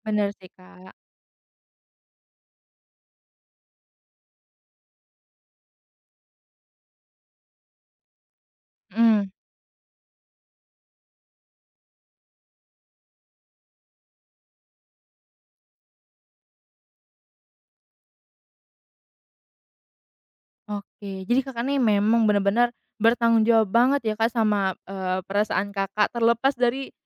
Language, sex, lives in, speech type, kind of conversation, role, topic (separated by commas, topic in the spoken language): Indonesian, female, Indonesia, conversation, podcast, host, Bagaimana cara mendengarkan orang yang sedang marah tanpa ikut terpancing emosi?